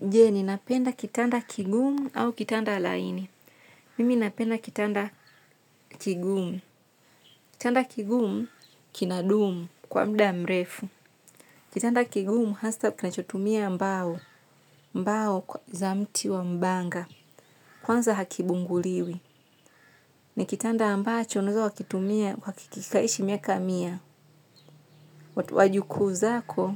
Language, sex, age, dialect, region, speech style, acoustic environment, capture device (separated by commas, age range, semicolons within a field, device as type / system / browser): Swahili, female, 25-34, Tanzania - Dar es Salaam, Tanzania, relaxed, nature, mobile / Linux / Chrome